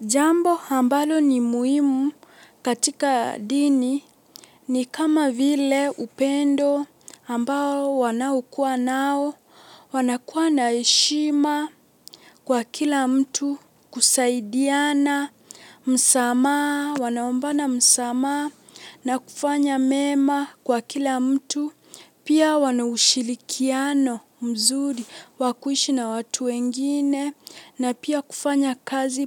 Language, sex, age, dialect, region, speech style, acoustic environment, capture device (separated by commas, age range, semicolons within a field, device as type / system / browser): Swahili, female, 18-24, Kenya - Mombasa, Kenya, relaxed, silence, mobile / Linux / Chrome